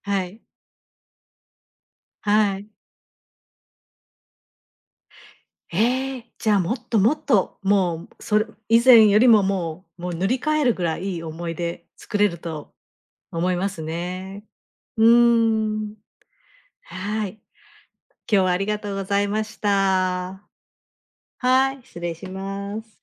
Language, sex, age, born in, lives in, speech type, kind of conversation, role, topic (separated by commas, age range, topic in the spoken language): Japanese, female, 50-54, Japan, Japan, conversation, podcast, host, 思い出に残っているアウトドア体験は何ですか？